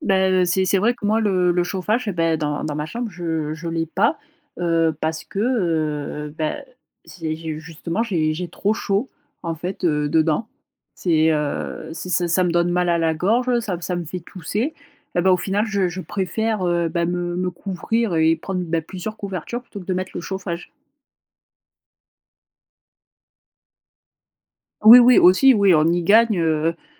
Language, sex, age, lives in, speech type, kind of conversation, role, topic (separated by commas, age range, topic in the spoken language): French, female, 25-29, France, conversation, podcast, host, Que fais-tu quand tu as du mal à t’endormir ?